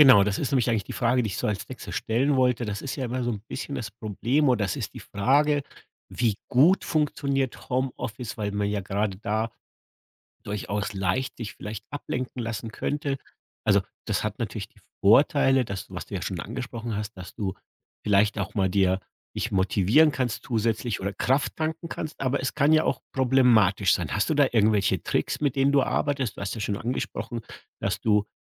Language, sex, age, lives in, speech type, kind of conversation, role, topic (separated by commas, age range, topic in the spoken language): German, male, 50-54, Germany, conversation, podcast, host, Wie hat das Homeoffice deine Arbeit verändert?